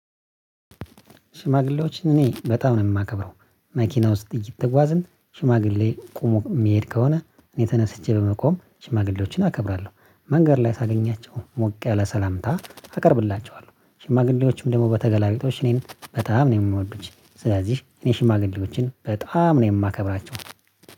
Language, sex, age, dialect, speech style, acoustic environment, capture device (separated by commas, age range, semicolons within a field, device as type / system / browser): Amharic, male, 25-34, Ethiopia - Addis Ababa, happy; relaxed; nervous, silence; nature, mobile / Linux / Chrome